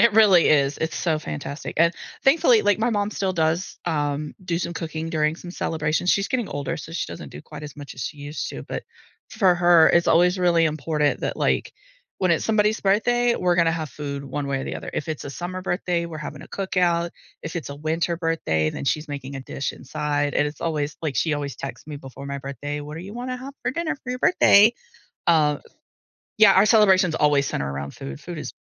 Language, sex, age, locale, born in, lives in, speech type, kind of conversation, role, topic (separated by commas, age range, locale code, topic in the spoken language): English, female, 40-44, en-US, United States, United States, conversation, podcast, guest, What role does food play in celebrations?